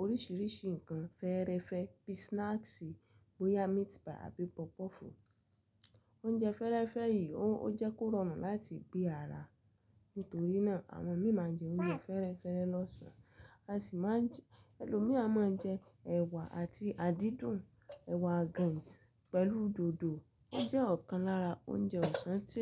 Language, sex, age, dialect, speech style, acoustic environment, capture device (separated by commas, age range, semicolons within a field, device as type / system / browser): Yoruba, female, 25-34, Nigeria - Lagos Yoruba, relaxed; happy; excited, silence, mobile / Linux / Chrome